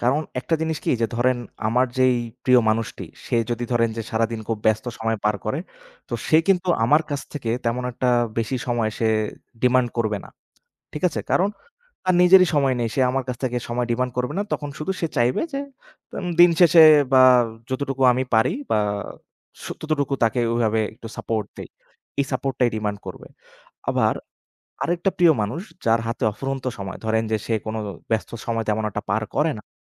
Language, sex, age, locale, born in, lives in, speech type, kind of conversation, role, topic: Bengali, male, 25-29, bn-BD, Bangladesh, Bangladesh, conversation, unstructured, none, ভালোবাসার মানুষের সঙ্গে একসঙ্গে সময় কাটানো কীভাবে সম্পর্ক গড়ে তোলে?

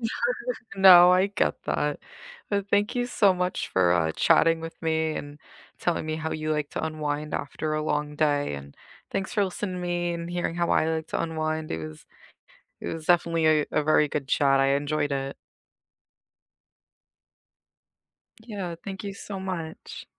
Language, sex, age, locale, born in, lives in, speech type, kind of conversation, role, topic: English, female, 30-34, en-US, United States, United States, conversation, unstructured, none, How do you like to unwind after a long day?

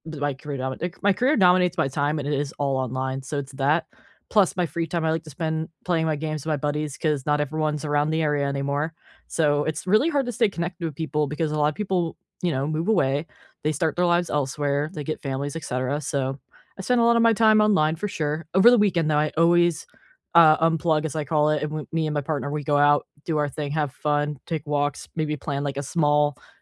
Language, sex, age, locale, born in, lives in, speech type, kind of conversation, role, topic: English, female, 25-29, en-US, United States, United States, conversation, unstructured, none, How can you balance tech, time, and sleep to feel more connected?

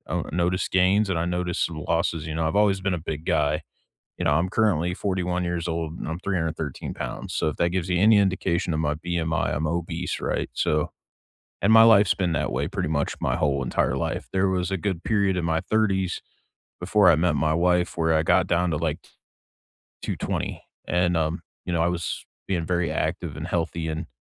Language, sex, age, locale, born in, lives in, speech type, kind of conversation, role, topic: English, male, 40-44, en-US, United States, United States, conversation, unstructured, none, What small rituals start or end your day, and why do they matter to you?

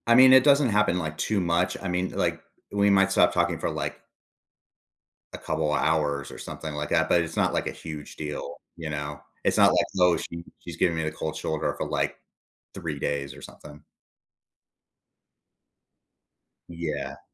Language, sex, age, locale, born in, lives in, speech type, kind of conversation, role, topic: English, male, 40-44, en-US, United States, United States, conversation, advice, user, How can I apologize and rebuild trust after saying harsh words in anger?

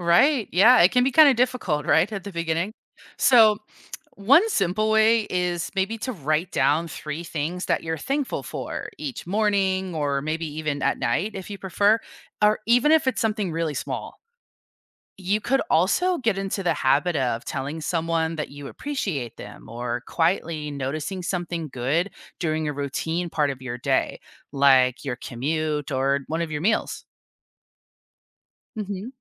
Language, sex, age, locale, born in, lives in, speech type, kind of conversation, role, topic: English, female, 30-34, en-US, United States, United States, read, expert-assistant, expert, What are the benefits of practicing gratitude every day?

